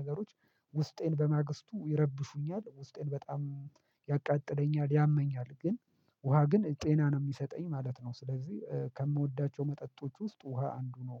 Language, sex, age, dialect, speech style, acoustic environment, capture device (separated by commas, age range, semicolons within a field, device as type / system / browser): Amharic, male, 25-34, Ethiopia - Addis Ababa, happy; focused; excited; relaxed, silence, mobile / Linux / Chrome